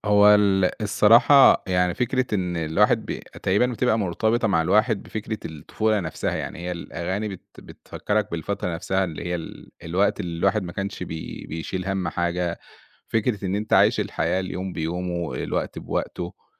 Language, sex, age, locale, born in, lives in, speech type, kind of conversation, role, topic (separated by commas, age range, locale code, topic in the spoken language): Arabic, male, 30-34, ar-EG, Egypt, Egypt, conversation, podcast, guest, ليه بترجع تسمع أغاني طفولتك من وقت للتاني؟